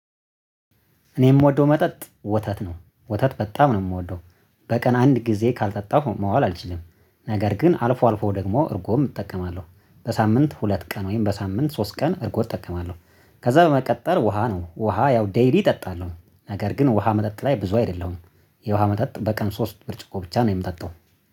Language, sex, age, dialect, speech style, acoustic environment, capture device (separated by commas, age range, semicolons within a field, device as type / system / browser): Amharic, male, 25-34, Ethiopia - Addis Ababa, happy, nature; silence, mobile / Linux / Chrome